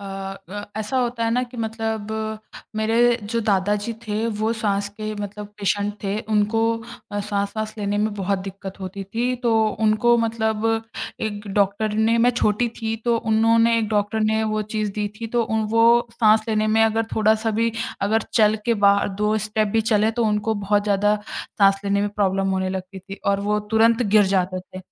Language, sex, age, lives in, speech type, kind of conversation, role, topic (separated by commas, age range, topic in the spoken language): Hindi, female, 20-24, India, conversation, podcast, guest, साँस की तकनीकें आपकी मदद कैसे करती हैं?